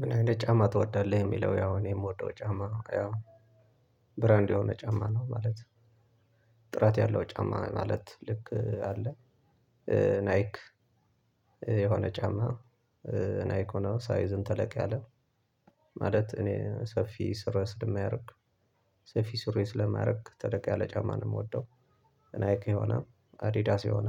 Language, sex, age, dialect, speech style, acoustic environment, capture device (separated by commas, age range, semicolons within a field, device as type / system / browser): Amharic, male, 25-34, Ethiopia - Tigray, happy; relaxed; focused; excited, silence, desktop / Linux / Chrome